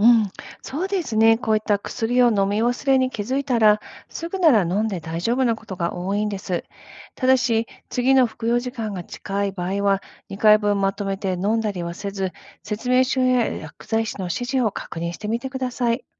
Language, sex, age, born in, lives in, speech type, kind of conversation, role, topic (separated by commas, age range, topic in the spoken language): Japanese, female, 50-54, Japan, United States, read, expert-assistant, expert, 薬を決められた通りに飲むことを、料理のレシピ通りに作ることに例えると、どんな意味がありますか？